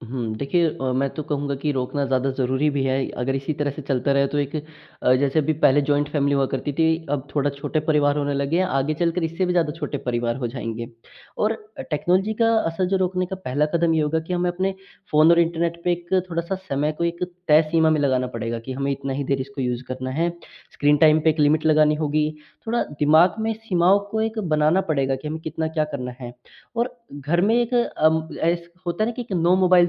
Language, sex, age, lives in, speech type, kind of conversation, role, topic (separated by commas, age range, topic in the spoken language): Hindi, male, 18-19, India, conversation, podcast, guest, आपके अनुसार तकनीक ने पारिवारिक रिश्तों को कैसे बदला है?